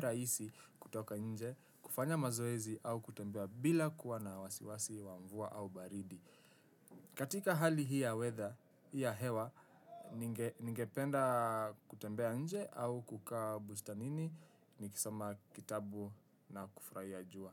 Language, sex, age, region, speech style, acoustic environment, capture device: Swahili, male, 18-24, Kenya, relaxed; happy; focused, silence, desktop / Windows / Chrome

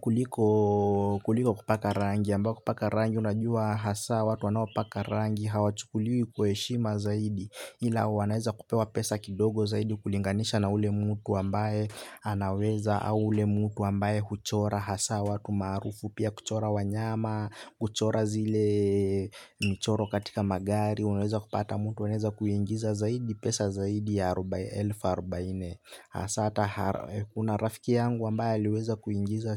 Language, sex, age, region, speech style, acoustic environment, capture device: Swahili, male, 18-24, Kenya, happy, nature, desktop / Linux / Chrome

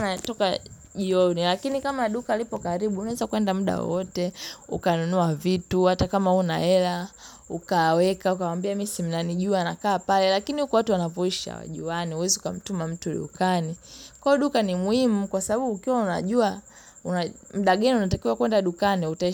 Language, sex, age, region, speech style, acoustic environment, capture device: Swahili, female, 18-24, Tanzania, relaxed, appliances, mobile / Linux / Chrome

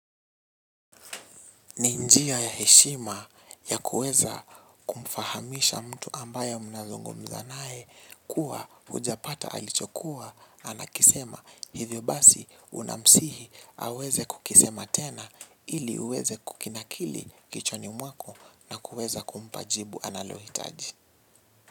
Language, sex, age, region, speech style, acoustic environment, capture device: Swahili, male, 18-24, Kenya, relaxed, nature, mobile / Linux / Chrome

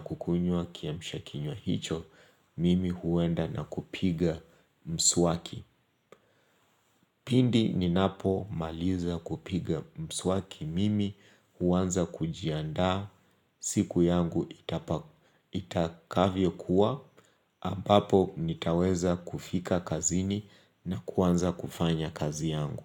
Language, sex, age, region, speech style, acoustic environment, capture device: Swahili, male, 25-34, Kenya, relaxed, nature, mobile / Linux / Chrome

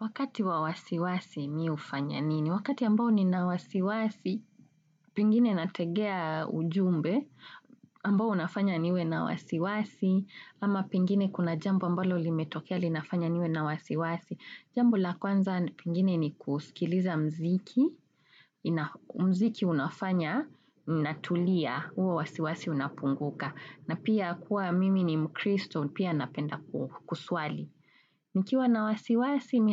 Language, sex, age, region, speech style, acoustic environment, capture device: Swahili, female, 35-44, Kenya, relaxed, nature, desktop / Windows / Chrome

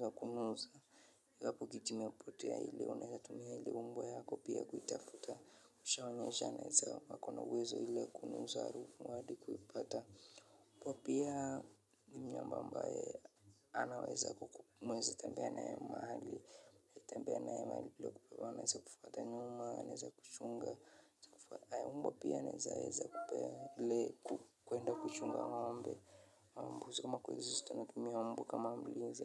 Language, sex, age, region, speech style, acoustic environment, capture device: Swahili, male, 25-34, Kenya, relaxed, silence, mobile / Linux / Chrome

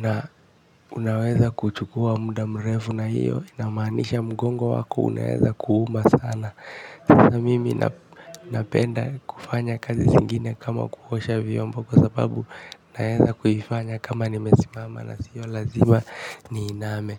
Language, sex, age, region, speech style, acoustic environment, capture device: Swahili, male, 18-24, Kenya, focused, nature, mobile / Linux / Chrome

